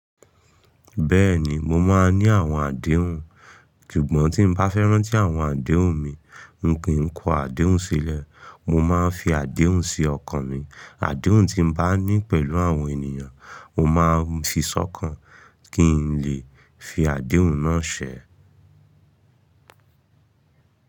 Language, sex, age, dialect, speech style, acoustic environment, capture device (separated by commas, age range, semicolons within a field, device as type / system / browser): Yoruba, male, 25-34, Nigeria - Ibadan, relaxed, nature, mobile / Linux / Chrome